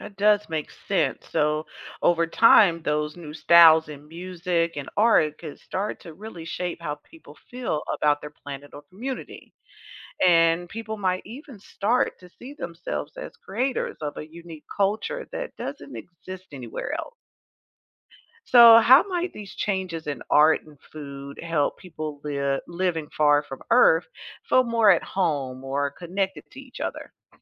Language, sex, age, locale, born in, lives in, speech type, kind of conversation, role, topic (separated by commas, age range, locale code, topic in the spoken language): English, female, 45-49, en-US, United States, United States, read, expert-assistant, asker, What if humans could live on other planets as easily as on Earth?